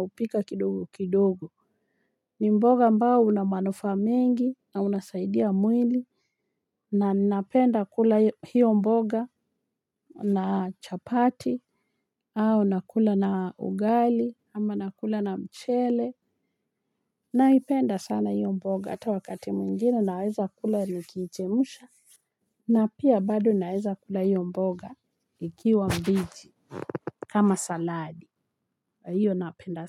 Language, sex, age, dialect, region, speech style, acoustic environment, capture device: Swahili, female, 45-54, Kenya - Nairobi Sheng-influenced, Kenya, relaxed, people talking, mobile / Linux / Chrome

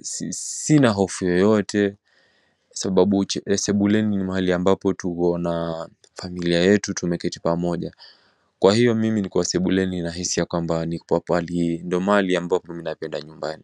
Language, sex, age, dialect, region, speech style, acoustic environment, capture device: Swahili, male, 18-24, Kenya - Mombasa, Kenya, relaxed, silence, mobile / macOS / Safari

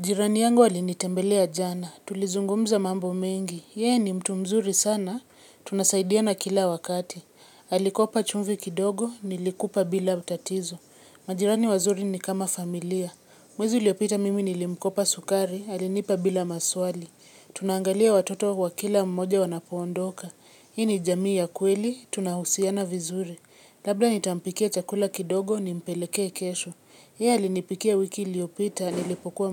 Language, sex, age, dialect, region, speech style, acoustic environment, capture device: Swahili, female, 25-34, Kenya - Nairobi Sheng-influenced, Kenya, relaxed; focused, people talking; silence; nature, mobile / Linux / Chrome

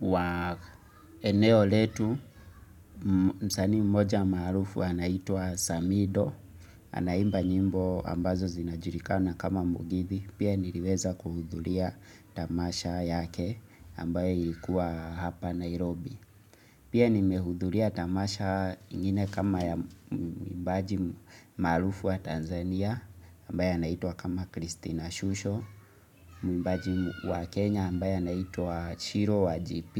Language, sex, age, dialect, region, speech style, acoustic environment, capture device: Swahili, male, 25-34, Kenya - Nairobi Sheng-influenced, Kenya, relaxed; focused; excited; happy, silence, mobile / Linux / Chrome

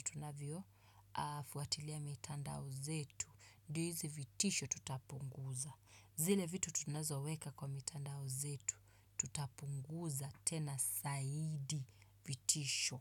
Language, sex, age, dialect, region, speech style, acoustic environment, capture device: Swahili, female, 25-34, Kenya - Nairobi Sheng-influenced, Kenya, happy, silence, desktop / Windows / Chrome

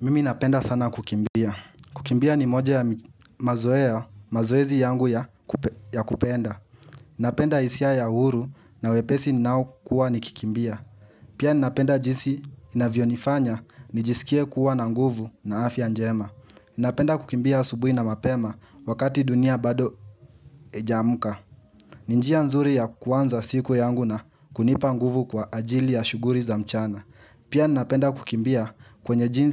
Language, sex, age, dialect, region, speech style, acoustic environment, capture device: Swahili, male, 18-24, Kenya - Nairobi Sheng-influenced, Kenya, happy; relaxed; focused; excited, nature, mobile / Linux / Chrome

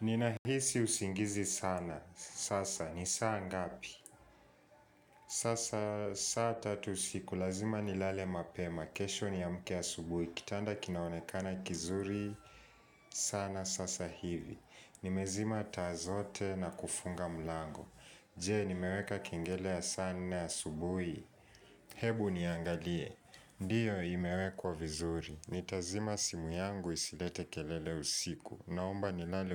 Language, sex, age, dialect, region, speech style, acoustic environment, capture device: Swahili, male, 18-24, Kenya - Nairobi Sheng-influenced, Kenya, focused, people talking; silence; nature, mobile / Linux / Chrome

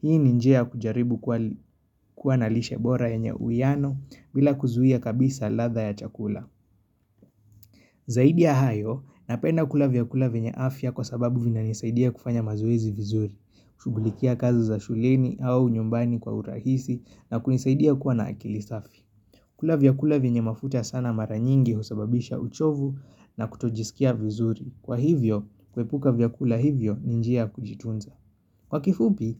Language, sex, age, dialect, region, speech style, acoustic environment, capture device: Swahili, male, 25-34, Kenya - Nairobi Sheng-influenced, Kenya, relaxed, nature; appliances; construction, mobile / Linux / Chrome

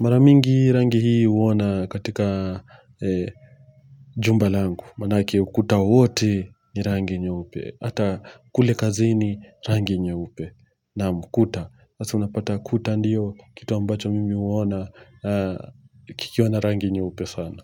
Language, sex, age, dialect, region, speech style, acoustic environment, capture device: Swahili, male, 25-34, Kenya - Mombasa, Kenya, relaxed, silence, mobile / Linux / Chrome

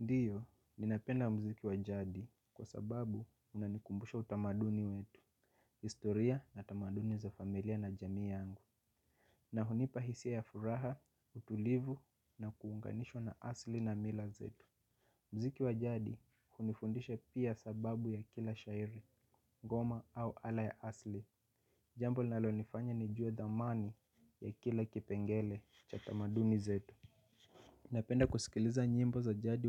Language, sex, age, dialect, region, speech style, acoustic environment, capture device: Swahili, male, 25-34, Kenya - Mombasa, Kenya, relaxed, silence, mobile / Linux / Chrome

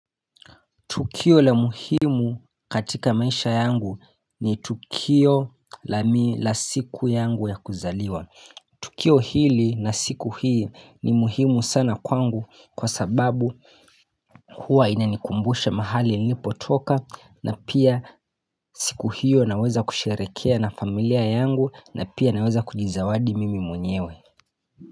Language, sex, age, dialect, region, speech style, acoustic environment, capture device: Swahili, male, 25-34, Kenya - Mombasa, Kenya, happy, silence; nature, mobile / Linux / Chrome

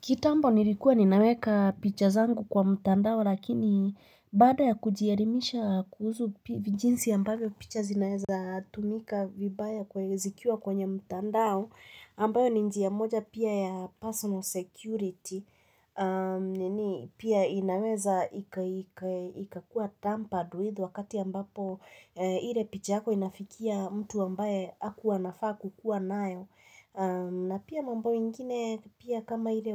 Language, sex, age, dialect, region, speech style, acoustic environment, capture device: Swahili, female, 25-34, Kenya - Nairobi Sheng-influenced, Kenya, tired, nature, mobile / Linux / Chrome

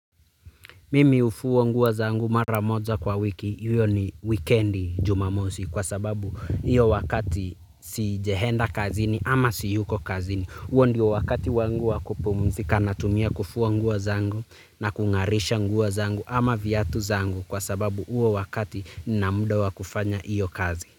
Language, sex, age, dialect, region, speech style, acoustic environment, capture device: Swahili, male, 25-34, Kenya - Nairobi Sheng-influenced, Kenya, relaxed; stressed, nature, mobile / Linux / Chrome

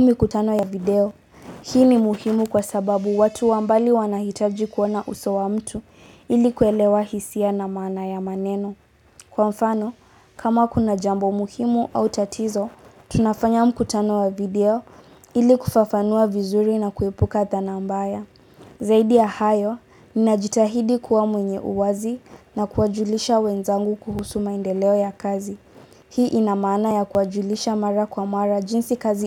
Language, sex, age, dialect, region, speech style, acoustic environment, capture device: Swahili, female, 18-24, Kenya - Nairobi Sheng-influenced, Kenya, relaxed, silence; appliances; people talking, mobile / Linux / Chrome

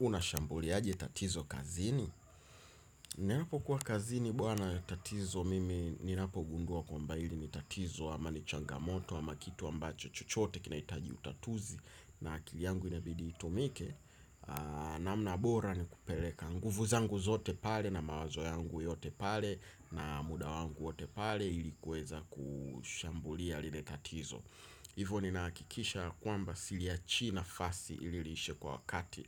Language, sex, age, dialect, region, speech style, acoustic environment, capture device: Swahili, male, 25-34, Tanzania - Dar es Salaam, Tanzania, excited, traffic; nature, desktop / Windows / Chrome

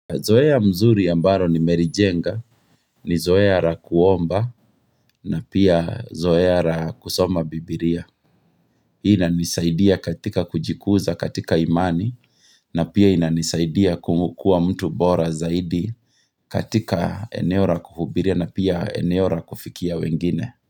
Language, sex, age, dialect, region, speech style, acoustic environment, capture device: Swahili, male, 35-44, Kenya - Kiambu, Kenya, excited, nature, mobile / Linux / Chrome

